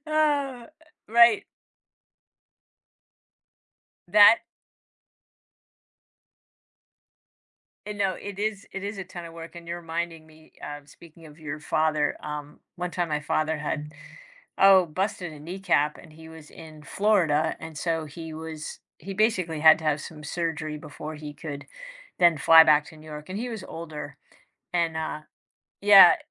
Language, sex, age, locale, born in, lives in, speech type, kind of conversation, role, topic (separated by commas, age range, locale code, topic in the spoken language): English, female, 55-59, en-US, United States, United States, conversation, podcast, host, Why are cultural traditions important to your identity and daily life?